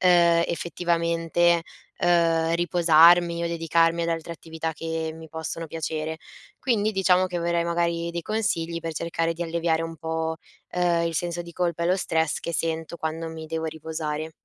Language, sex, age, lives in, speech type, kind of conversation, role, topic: Italian, female, 20-24, Italy, conversation, advice, user, Come posso organizzare il tempo libero senza sentirmi sotto pressione?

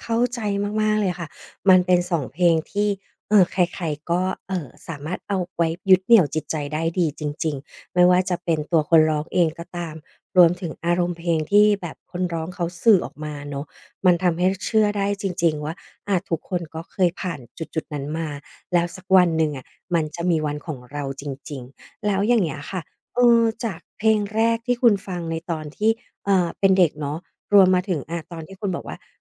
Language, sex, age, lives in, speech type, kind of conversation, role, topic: Thai, female, 40-44, Thailand, conversation, podcast, host, มีเพลงไหนที่คุณฟังตอนเศร้าแล้วรู้สึกดีขึ้นไหม?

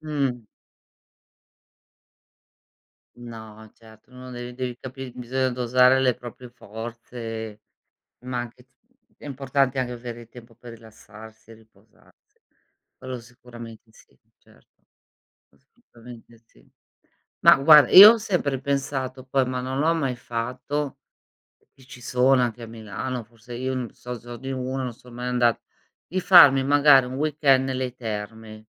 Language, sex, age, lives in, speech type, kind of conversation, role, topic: Italian, female, 55-59, Italy, conversation, unstructured, none, Cosa ti piace fare nel tempo libero?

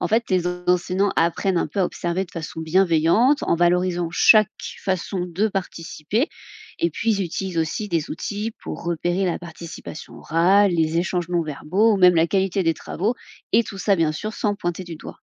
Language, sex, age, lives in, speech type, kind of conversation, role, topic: French, female, 30-34, France, read, expert-assistant, expert, Pourquoi les avis sont-ils si partagés sur l’efficacité du numérique à l’école ?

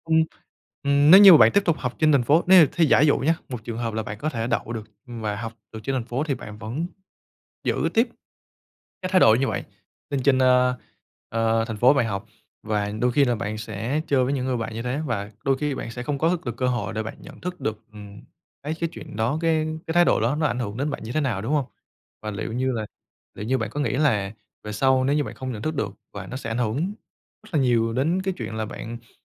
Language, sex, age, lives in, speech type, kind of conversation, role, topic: Vietnamese, male, 25-29, Vietnam, conversation, podcast, host, Bạn có thể chia sẻ về một thất bại đã trở thành bước ngoặt quan trọng đối với bạn không?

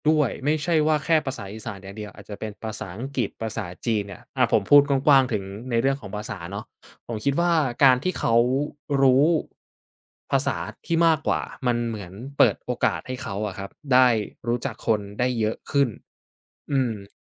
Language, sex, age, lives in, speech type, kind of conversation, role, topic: Thai, male, 20-24, Thailand, conversation, podcast, guest, ภาษาที่บ้านสอนอะไรให้คุณบ้าง?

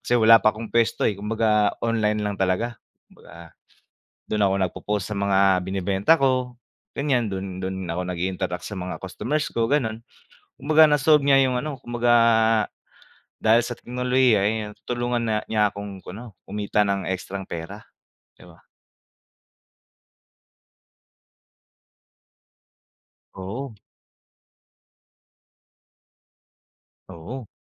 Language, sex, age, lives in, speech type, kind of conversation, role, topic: Filipino, male, 25-29, Philippines, conversation, unstructured, none, Paano nakakatulong ang teknolohiya sa paglutas ng mga problema?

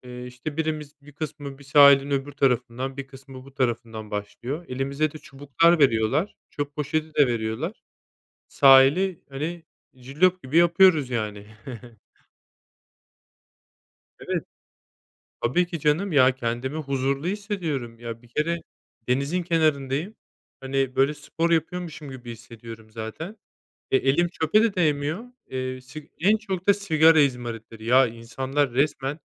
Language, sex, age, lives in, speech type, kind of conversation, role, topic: Turkish, male, 30-34, Spain, conversation, unstructured, none, Neden doğaya zarar veren projelere karşı çıkmalıyız?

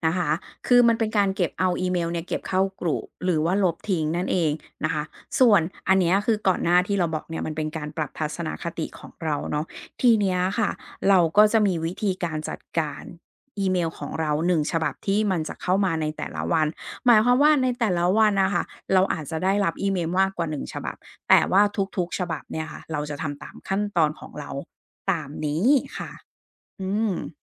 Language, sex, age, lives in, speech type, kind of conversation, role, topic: Thai, female, 40-44, Thailand, conversation, podcast, guest, มีวิธีจัดการอีเมลอย่างไรไม่ให้กล่องจดหมายล้น?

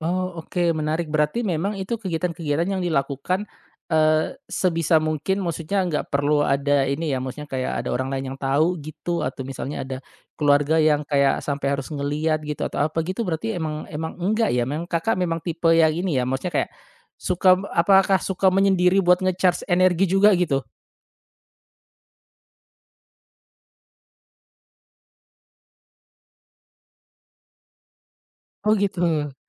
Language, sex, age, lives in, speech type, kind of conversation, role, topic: Indonesian, male, 35-39, Indonesia, conversation, podcast, host, Saat hujan turun, apa yang biasanya kamu rasakan dan lakukan?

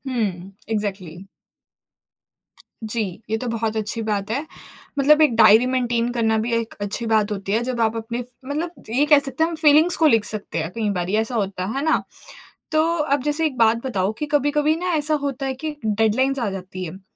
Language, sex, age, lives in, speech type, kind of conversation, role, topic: Hindi, female, 20-24, India, conversation, podcast, host, Padhai aur kaam ke beech tum apna time kaise manage karte ho?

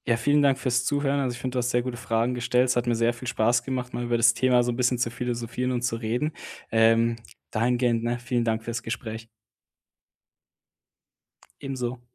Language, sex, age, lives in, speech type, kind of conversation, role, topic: German, male, 25-29, Germany, conversation, podcast, guest, Wie findest du Gleichgesinnte online und offline?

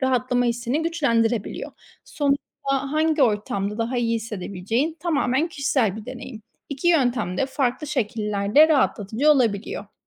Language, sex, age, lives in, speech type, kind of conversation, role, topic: Turkish, female, 25-29, Spain, read, expert-assistant, expert, Yaratıcı hobiler insanı neden daha mutlu ve huzurlu yapar mı?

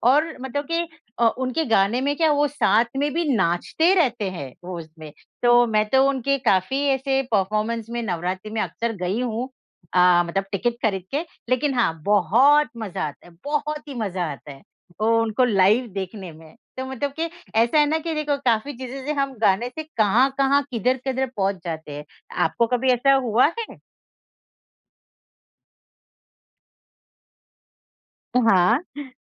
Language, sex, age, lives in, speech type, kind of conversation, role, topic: Hindi, female, 50-54, India, conversation, unstructured, none, आपको कौन-सा गाना बार-बार सुनना अच्छा लगता है?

